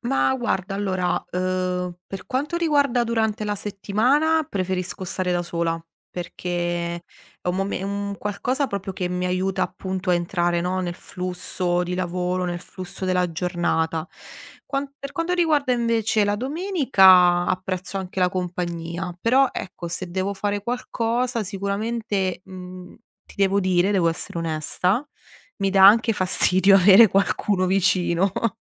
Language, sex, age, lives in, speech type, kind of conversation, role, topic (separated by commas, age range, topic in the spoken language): Italian, female, 30-34, Italy, conversation, podcast, guest, Quali piccoli rituali ti aiutano a entrare nello stato di flusso?